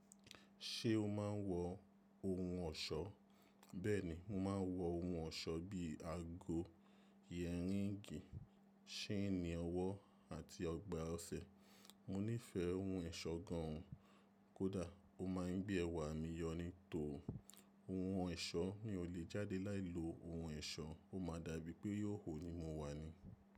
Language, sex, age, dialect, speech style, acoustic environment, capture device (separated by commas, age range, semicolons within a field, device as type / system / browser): Yoruba, male, 25-34, Nigeria - Nigerian Standard, relaxed, silence, mobile / macOS / Safari